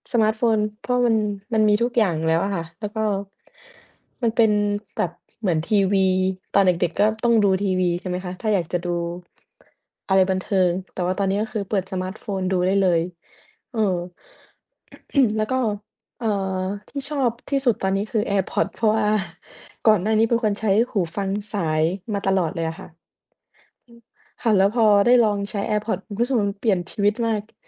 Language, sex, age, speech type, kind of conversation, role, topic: Thai, female, 20-24, conversation, unstructured, none, เทคโนโลยีสมัยใหม่เปลี่ยนวิถีชีวิตของเรามากแค่ไหน?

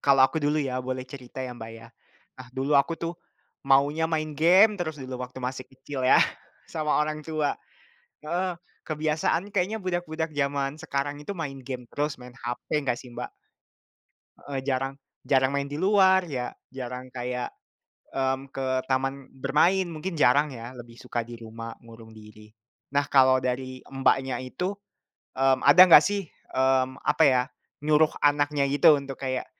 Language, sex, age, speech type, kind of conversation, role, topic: Indonesian, male, 20-24, conversation, unstructured, none, Bagaimana cara menumbuhkan kebiasaan hidup sehat pada anak-anak?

